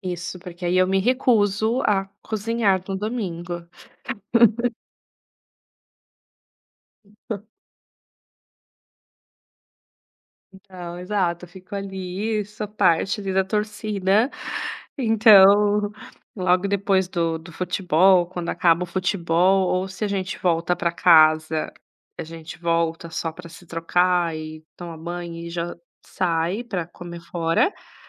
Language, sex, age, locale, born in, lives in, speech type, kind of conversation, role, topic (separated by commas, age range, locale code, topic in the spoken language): Portuguese, female, 35-39, pt-BR, Brazil, Italy, conversation, podcast, guest, O que você faz aos domingos para se preparar para a semana?